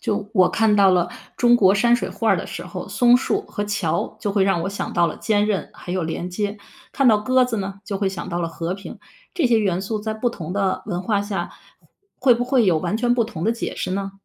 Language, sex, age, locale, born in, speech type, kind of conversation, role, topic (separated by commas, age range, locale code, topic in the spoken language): Chinese, female, 50-54, zh-CN, China, read, expert-assistant, asker, 为什么有些画作会让人一看就觉得感动？